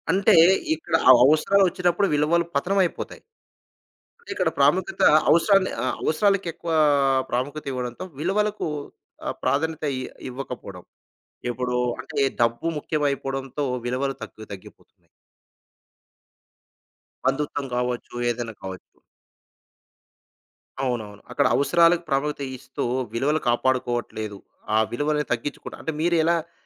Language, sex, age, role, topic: Telugu, male, 35-39, host, నీకు నిజంగా ముఖ్యమైన విలువలు ఏవీ?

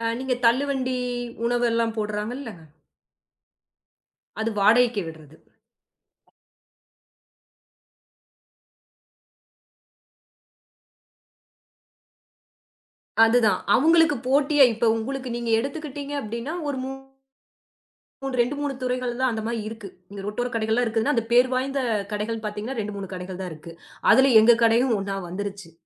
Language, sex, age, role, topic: Tamil, female, 30-34, guest, படிப்பிற்கு பணம் இல்லாமல் கற்றுக்கொள்ள நீ என்ன செய்வாய்?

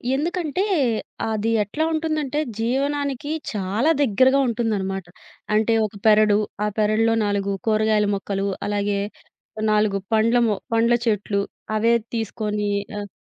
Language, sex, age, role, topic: Telugu, female, 30-34, guest, సినిమాలు మన సంస్కృతిని ఎలా ప్రతిబింబిస్తాయని మీరు అనుకుంటారు?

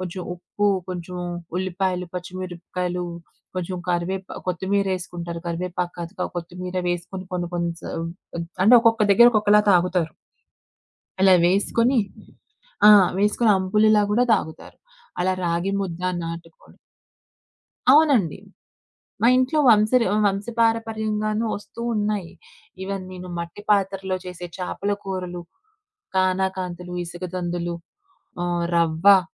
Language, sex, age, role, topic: Telugu, female, 25-29, guest, మీ వంశంలో తరతరాలుగా కొనసాగుతున్న ప్రత్యేక వంటకాలు ఏవి?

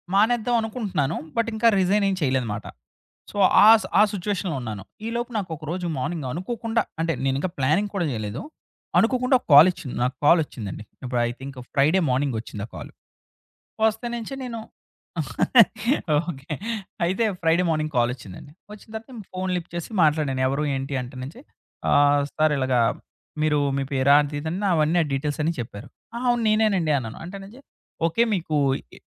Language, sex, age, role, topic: Telugu, male, 30-34, guest, వీడియో కాల్‌లలో దేహభాషను ఎలా చదవాలి?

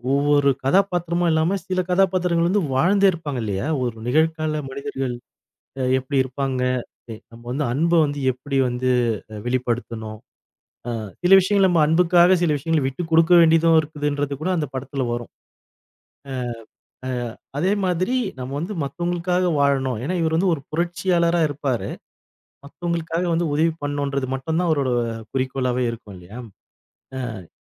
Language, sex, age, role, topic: Tamil, male, 40-44, host, உங்கள் மனதில் எப்போதும் நினைவில் நிற்கும் உங்களுக்குப் பிடித்த திரைப்படம் எது?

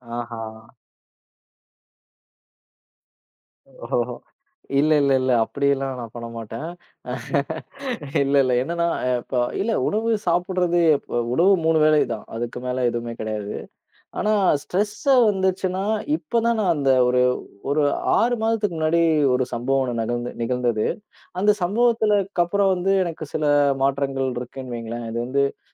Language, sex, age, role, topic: Tamil, male, 30-34, guest, மனஅழுத்தம் ஏற்பட்டால் நீங்கள் முதலில் என்ன செய்வீர்கள்?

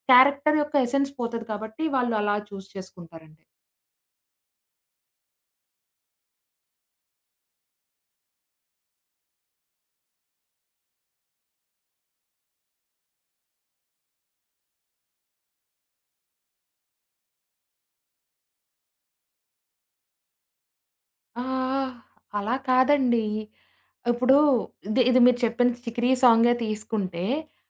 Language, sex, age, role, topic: Telugu, female, 20-24, guest, సినిమా పాటలు నీ రుచిని ఎలా మార్చాయని అనుకుంటావు?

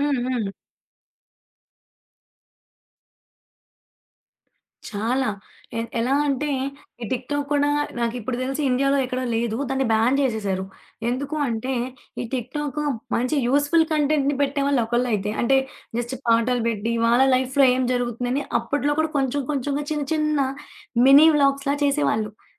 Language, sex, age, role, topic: Telugu, female, 20-24, guest, సోషల్‌ మీడియాలో ట్రెండ్స్ మన సంస్కృతి విలువలను ఎలా మార్చుతున్నాయి?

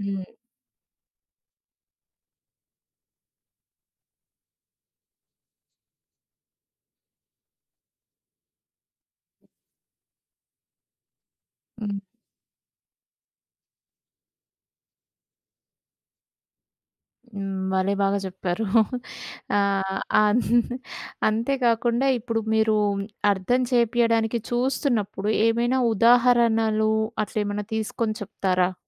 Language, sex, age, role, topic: Telugu, female, 30-34, host, వినయంగా వ్యతిరేక అభిప్రాయాన్ని మీరు ఎలా వ్యక్తం చేస్తారు?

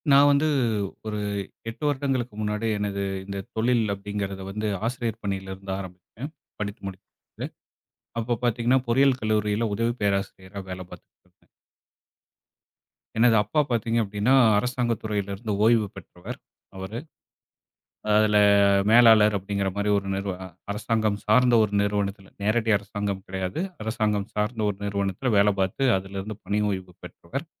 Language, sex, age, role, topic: Tamil, male, 35-39, guest, ஒரு தோல்வி உங்களை எப்படிப் மாற்றியது?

